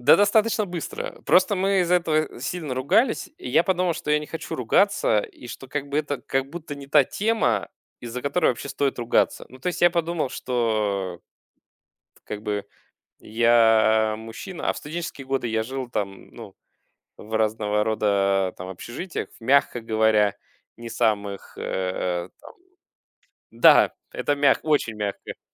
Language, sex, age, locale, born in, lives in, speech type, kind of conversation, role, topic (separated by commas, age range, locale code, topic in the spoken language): Russian, male, 35-39, ru-UA, Russia, Germany, conversation, podcast, guest, Что делает ваш дом по‑настоящему уютным для вас?